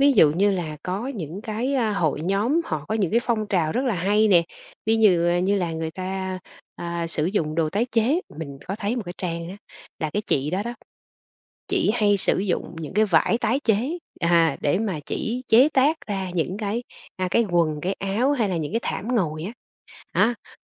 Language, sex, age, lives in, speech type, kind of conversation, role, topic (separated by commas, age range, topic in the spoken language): Vietnamese, female, 45-49, Vietnam, conversation, podcast, guest, Bạn thấy mạng xã hội ảnh hưởng đến phong cách như thế nào?